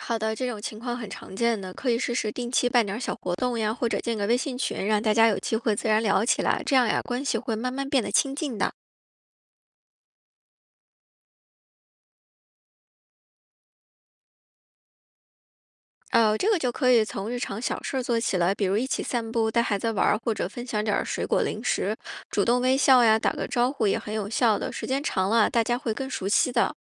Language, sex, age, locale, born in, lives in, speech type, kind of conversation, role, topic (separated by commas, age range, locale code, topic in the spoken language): Chinese, female, 35-39, zh-CN, China, United States, read, expert-assistant, expert, 当邻里关系变得冷淡时，有哪些简单有效的方法可以让社区居民重新建立联系？